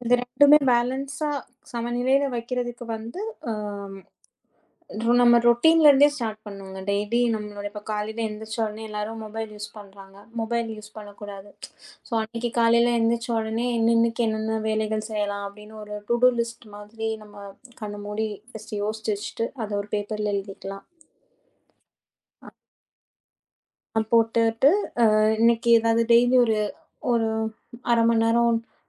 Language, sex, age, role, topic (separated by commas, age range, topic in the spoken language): Tamil, female, 30-34, guest, மனமும் உடலும் சமநிலையில் இருக்க நீங்கள் எந்தப் பழக்கங்களைப் பின்பற்றுகிறீர்கள்?